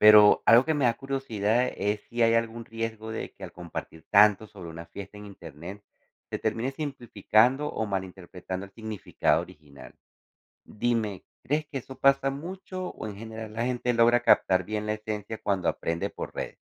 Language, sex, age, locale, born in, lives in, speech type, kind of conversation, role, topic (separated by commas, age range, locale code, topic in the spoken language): Spanish, male, 40-44, es-CO, Venezuela, United States, read, expert-assistant, asker, ¿Por qué muchas fiestas religiosas se celebran hoy como eventos culturales?